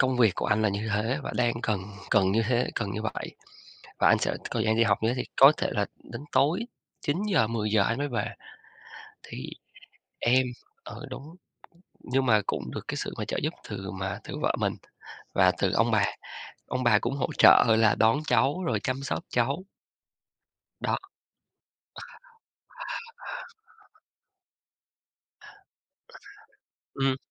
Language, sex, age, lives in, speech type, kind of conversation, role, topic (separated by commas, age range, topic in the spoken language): Vietnamese, male, 35-39, Vietnam, conversation, podcast, guest, Làm sao bạn cân bằng việc học với công việc và gia đình?